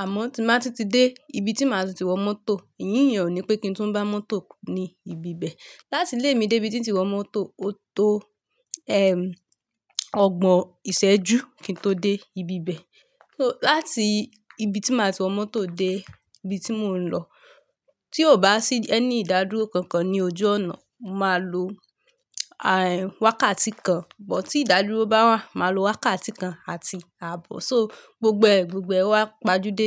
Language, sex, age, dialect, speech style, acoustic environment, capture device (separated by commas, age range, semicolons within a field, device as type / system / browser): Yoruba, female, 25-34, Nigeria - Lagos Yoruba, relaxed, nature, mobile / Linux / Chrome